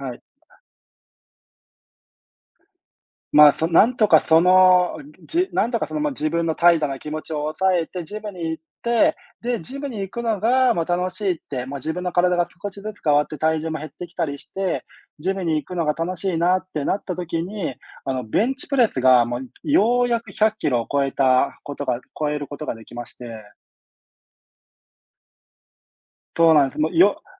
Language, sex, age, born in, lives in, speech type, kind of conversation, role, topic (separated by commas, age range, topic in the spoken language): Japanese, male, 30-34, Japan, Japan, conversation, unstructured, none, 好きなスポーツは何ですか？その理由も教えてください。